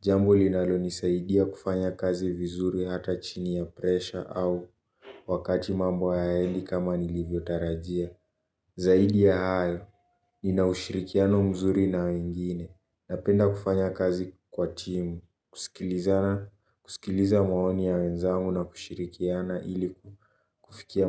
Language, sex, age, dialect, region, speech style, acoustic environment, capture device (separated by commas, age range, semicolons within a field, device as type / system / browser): Swahili, male, 18-24, Kenya - Nairobi Sheng-influenced, Kenya, relaxed, silence, mobile / Linux / Chrome